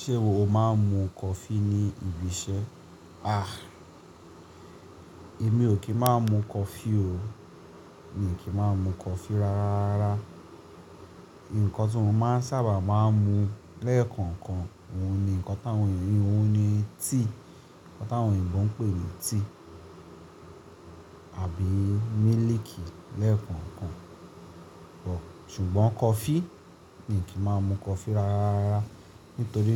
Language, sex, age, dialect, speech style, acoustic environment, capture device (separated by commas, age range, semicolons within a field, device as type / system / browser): Yoruba, male, 25-34, Nigeria - Lagos Yoruba, relaxed, appliances, mobile / Linux / Chrome